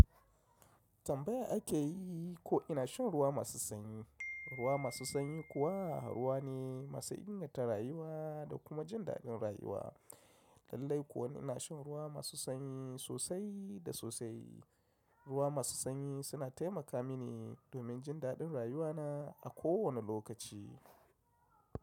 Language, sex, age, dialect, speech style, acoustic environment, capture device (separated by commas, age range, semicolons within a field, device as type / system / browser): Hausa, male, 35-44, Nigeria - Kano, happy, silence, mobile / macOS / Safari